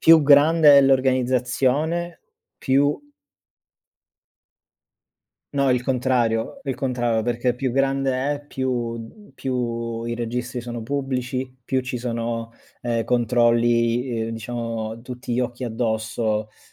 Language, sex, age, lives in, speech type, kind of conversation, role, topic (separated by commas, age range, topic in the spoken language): Italian, male, 30-34, Germany, conversation, unstructured, none, Secondo te, la ricchezza è distribuita in modo equo?